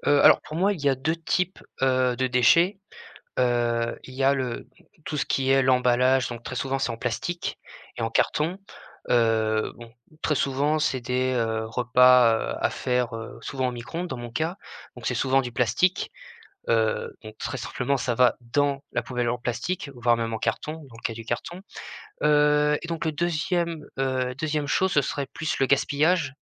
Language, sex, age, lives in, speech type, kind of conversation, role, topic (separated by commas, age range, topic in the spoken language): French, male, 20-24, France, conversation, podcast, guest, Comment réduis-tu tes déchets au quotidien ?